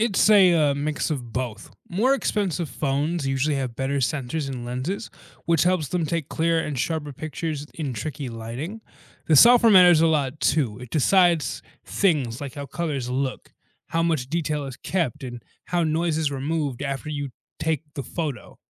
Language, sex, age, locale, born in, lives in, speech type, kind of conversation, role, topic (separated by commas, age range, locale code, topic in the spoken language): English, male, 20-24, en-US, United States, United States, read, expert-assistant, expert, How do cameras capture and process images?